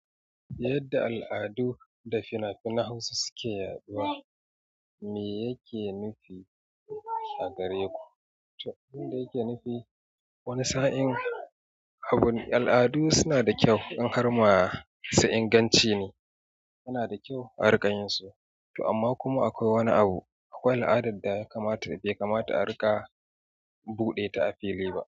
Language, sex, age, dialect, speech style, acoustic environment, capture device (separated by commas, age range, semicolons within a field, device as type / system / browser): Hausa, male, 35-44, Nigeria - Kano, happy; excited, nature, mobile / Android / Chrome